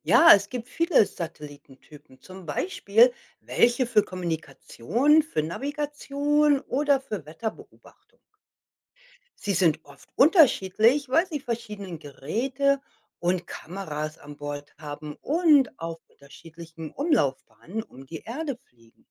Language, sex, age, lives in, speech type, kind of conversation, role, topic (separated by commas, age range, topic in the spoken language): German, female, 55-59, United States, read, expert-assistant, expert, Was ist ein Satellit und wofür werden sie im Alltag genutzt?